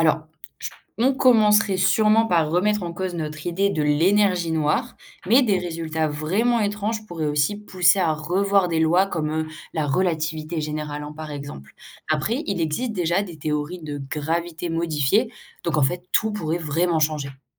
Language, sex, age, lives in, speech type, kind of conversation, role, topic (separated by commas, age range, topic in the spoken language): French, female, 18-19, France, read, expert-assistant, expert, On dit que l’univers s’agrandit, mais est-ce vraiment tout l’espace qui grossit, ou juste les objets qui s’éloignent ?